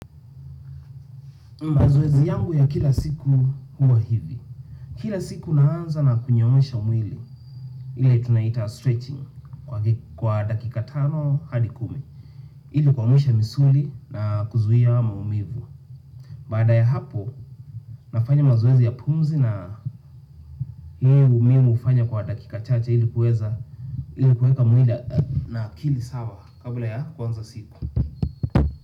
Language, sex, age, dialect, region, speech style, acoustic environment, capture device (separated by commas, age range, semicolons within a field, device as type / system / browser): Swahili, male, 25-34, Kenya - Nairobi Sheng-influenced, Kenya, anxious, nature, desktop / Windows / Chrome